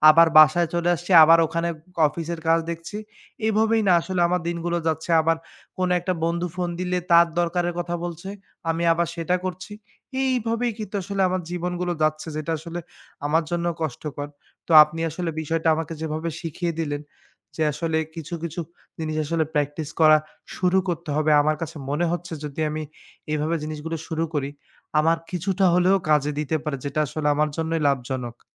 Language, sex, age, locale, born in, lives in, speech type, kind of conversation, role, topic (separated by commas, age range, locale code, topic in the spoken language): Bengali, male, 25-29, bn-BD, Bangladesh, Bangladesh, conversation, advice, user, কর্মক্ষেত্রে অতিরিক্ত কাজ নিতে না চাইলে আপনি কীভাবে ভদ্রভাবে ‘না’ বলবেন?